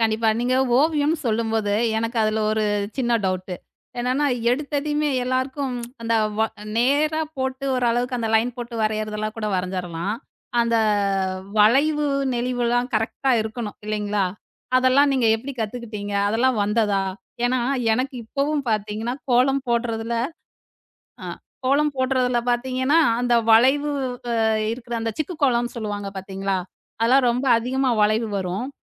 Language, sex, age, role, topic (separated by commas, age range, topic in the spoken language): Tamil, female, 35-39, host, ஒரு புதிய திறனைக் கற்றுக்கொண்ட அனுபவத்தைப் பற்றிப் பகிர முடியுமா?